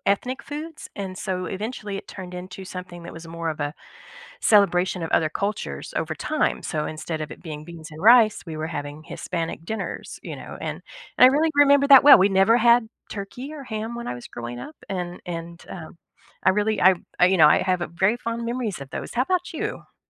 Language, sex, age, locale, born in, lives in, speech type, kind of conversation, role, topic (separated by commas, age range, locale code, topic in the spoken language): English, female, 50-54, en-US, United States, United States, conversation, unstructured, none, How do family traditions shape our memories and connections?